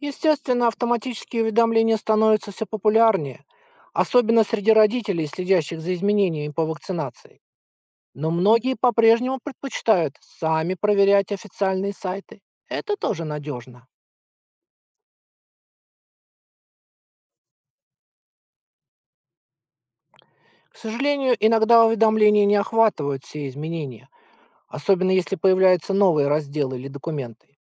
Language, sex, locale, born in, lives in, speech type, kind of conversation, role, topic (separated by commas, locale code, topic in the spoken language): Russian, male, ru-RU, Russia, United States, read, expert-assistant, expert, Как родителям отличить надёжные медицинские советы в интернете?